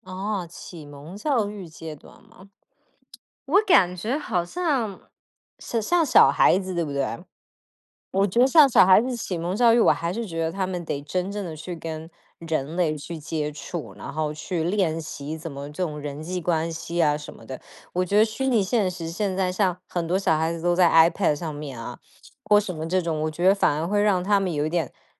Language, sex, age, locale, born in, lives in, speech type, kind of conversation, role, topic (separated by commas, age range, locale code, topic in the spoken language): Chinese, female, 30-34, zh-TW, Taiwan, United States, conversation, unstructured, none, 虚拟现实会影响我们的学习吗？